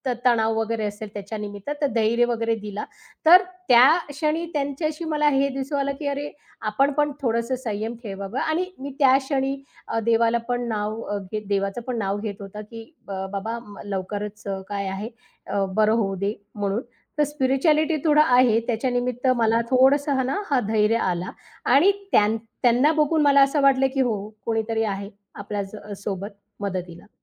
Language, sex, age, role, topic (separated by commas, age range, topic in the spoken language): Marathi, female, 35-39, guest, भावनिक तणावाच्या काळात मदतीसाठी तुम्ही कशी विनंती करता?